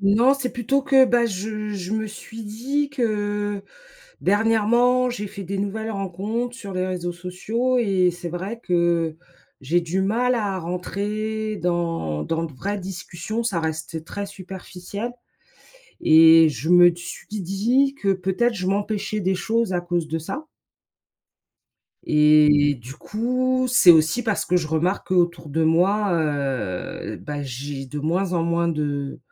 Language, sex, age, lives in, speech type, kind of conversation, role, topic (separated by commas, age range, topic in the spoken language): French, female, 45-49, United States, conversation, advice, user, Pourquoi est-il si difficile de refaire confiance après une trahison ?